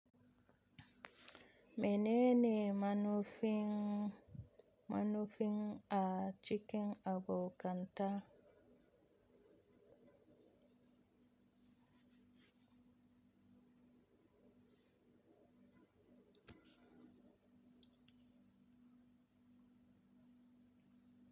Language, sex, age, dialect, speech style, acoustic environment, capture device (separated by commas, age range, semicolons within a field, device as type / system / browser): Hausa, female, 25-34, Nigeria - Kano, happy; tired; relaxed; anxious; nervous; excited; focused; stressed, people talking; music; nature; silence; traffic; construction; appliances, mobile / Linux / Chrome